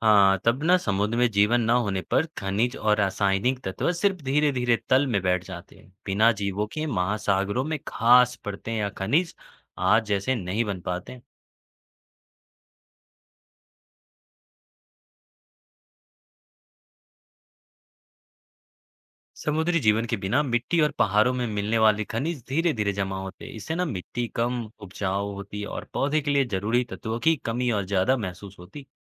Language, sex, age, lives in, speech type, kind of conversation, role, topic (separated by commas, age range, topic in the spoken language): Hindi, male, 20-24, India, read, expert-assistant, expert, अगर समुद्र में जीवन का विकास नहीं हुआ होता, तो पृथ्वी का पारिस्थितिकी तंत्र कैसा होता?